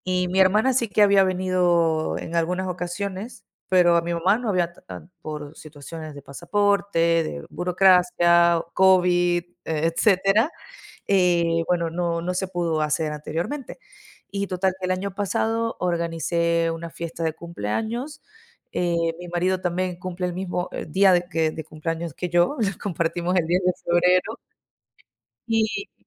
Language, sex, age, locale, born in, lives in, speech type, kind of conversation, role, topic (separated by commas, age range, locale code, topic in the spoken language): Spanish, female, 30-34, es-VE, Venezuela, Italy, conversation, unstructured, none, ¿Recuerdas alguna sorpresa que te haya dado tu familia?